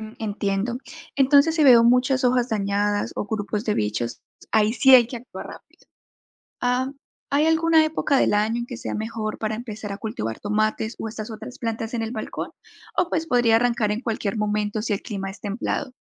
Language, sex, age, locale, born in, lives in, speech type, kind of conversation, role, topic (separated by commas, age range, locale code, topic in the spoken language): Spanish, female, 25-29, es-CO, Colombia, United States, read, expert-assistant, asker, ¿Cómo empezar a cultivar tomates y otras plantas en un balcón?